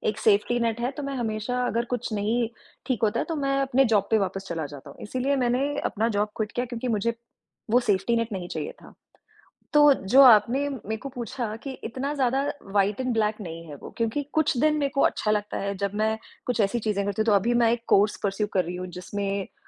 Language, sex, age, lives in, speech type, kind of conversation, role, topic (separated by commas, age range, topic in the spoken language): Hindi, female, 30-34, India, conversation, podcast, guest, Tum apne shauk aur kamaai ke beech ka santulan kaise banate ho?